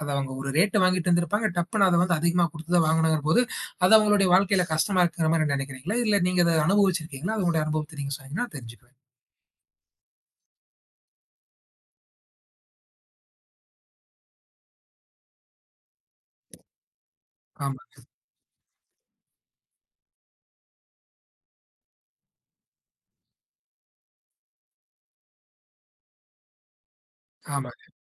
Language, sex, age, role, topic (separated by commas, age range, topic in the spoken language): Tamil, male, 20-24, host, மழை பெய்யும் போது உங்களுக்கு என்னென்ன நினைவுகள் தோன்றுகின்றன?